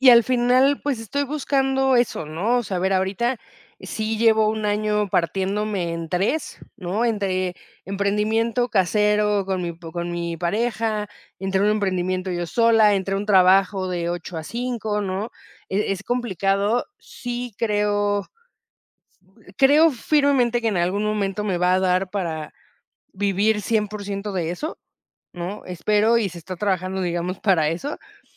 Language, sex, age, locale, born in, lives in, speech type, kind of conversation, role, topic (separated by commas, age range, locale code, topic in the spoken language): Spanish, female, 30-34, es-MX, Mexico, Mexico, conversation, podcast, guest, ¿Cómo eliges entre la estabilidad y seguir tus pasiones?